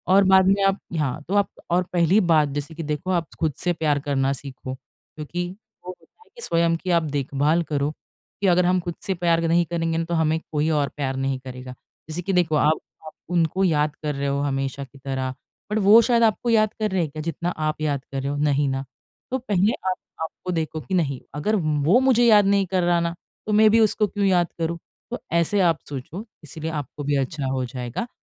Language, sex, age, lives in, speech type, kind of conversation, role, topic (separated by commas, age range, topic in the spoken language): Hindi, female, 30-34, India, conversation, advice, advisor, मैं अपनी खोई हुई भावनाओं को स्वीकार करके आगे कैसे बढ़ूं?